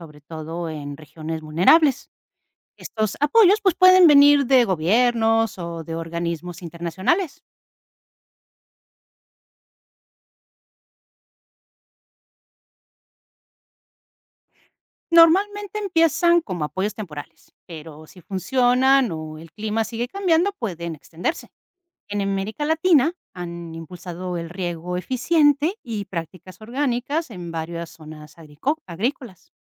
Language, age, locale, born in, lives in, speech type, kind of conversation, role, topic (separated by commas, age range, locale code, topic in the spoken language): Spanish, 50-54, es-MX, Mexico, Portugal, read, expert-assistant, expert, ¿Cómo afecta el cambio climático a la producción de jitomate y aguacate?